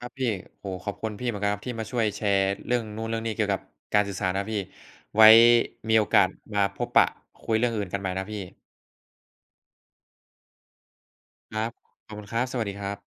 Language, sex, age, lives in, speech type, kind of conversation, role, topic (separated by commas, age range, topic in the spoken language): Thai, male, 20-24, Thailand, conversation, unstructured, none, เทคโนโลยีได้เปลี่ยนแปลงวิธีที่เราสื่อสารกันอย่างไรบ้าง?